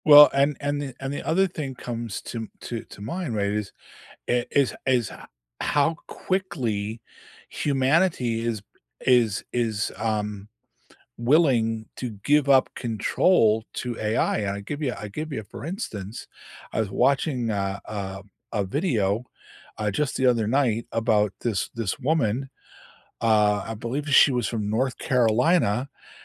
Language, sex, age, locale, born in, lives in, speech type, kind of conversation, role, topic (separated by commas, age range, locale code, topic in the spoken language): English, male, 65-69, en-US, United States, United States, conversation, unstructured, none, What are your first impressions of the AI features on your phone or laptop?